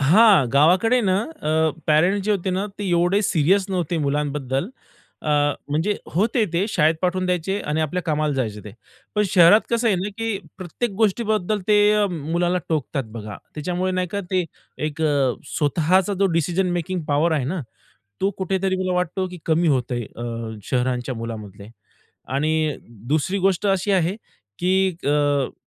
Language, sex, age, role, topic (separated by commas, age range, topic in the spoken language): Marathi, male, 30-34, guest, तुला गावात आणि शहरात खेळण्याचा अनुभव कसा वेगळा वाटला?